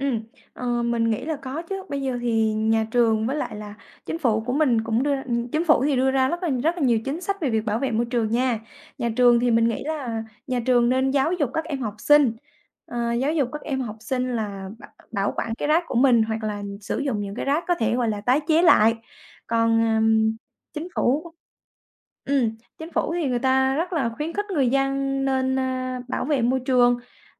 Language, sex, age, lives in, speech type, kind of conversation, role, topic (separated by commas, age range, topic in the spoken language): Vietnamese, female, 20-24, Vietnam, conversation, podcast, guest, Thói quen nhỏ nào bạn thường làm để bảo vệ môi trường?